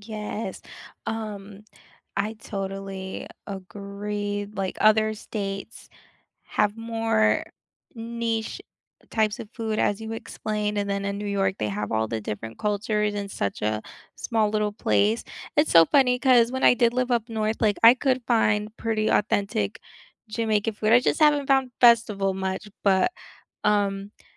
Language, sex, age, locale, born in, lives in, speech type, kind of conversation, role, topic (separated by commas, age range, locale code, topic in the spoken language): English, female, 25-29, en-US, United States, United States, conversation, unstructured, none, What is the most unforgettable street food you have tried while traveling, and what is the story behind it?